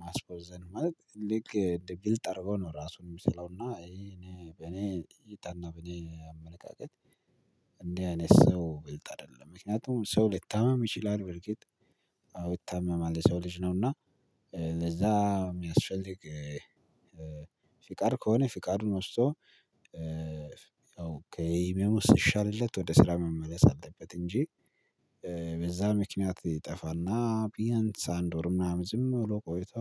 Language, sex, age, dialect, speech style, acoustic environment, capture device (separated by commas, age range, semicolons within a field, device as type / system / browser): Amharic, male, 25-34, Ethiopia - Addis Ababa, relaxed, silence, mobile / Linux / Chrome